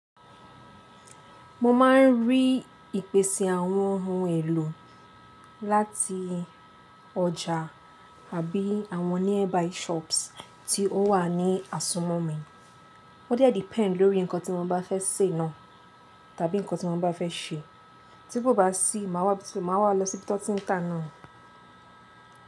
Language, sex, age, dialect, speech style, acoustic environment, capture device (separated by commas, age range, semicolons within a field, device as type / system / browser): Yoruba, female, 18-24, Nigeria - Lagos Yoruba, relaxed, appliances, mobile / Linux / Chrome